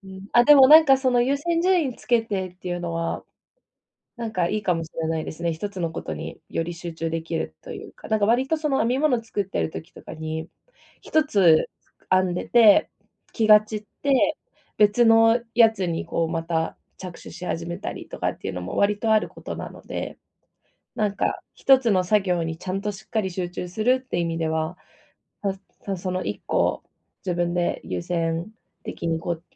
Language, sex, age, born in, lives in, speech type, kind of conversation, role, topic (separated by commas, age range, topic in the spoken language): Japanese, female, 25-29, Japan, Japan, conversation, advice, user, 長時間の作業で集中力を持続させるにはどうすればいいですか？